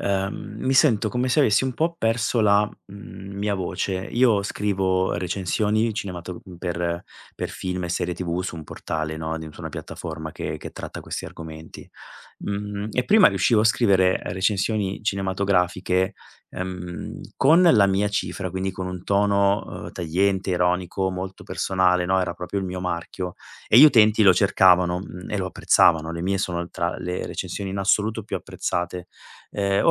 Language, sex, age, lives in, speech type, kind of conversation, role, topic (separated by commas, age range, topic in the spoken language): Italian, male, 40-44, Italy, conversation, advice, user, In che modo il perfezionismo ti impedisce di iniziare nuovi progetti?